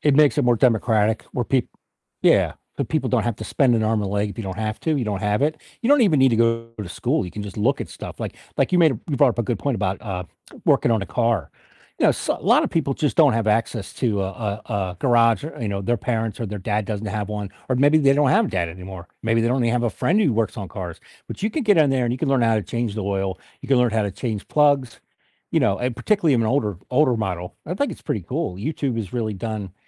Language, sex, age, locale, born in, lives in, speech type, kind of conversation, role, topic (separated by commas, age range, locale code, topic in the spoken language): English, male, 50-54, en-US, United States, United States, conversation, podcast, host, In what ways is technology changing the way we learn and engage with education?